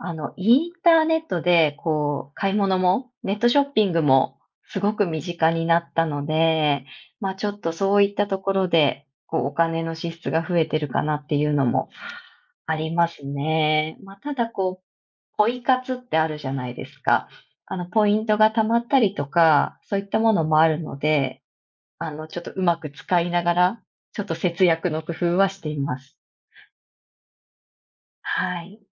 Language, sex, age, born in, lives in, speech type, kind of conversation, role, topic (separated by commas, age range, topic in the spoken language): Japanese, female, 35-39, Japan, Japan, conversation, unstructured, none, 給料が上がっても手元にお金が増えないのはなぜでしょうか？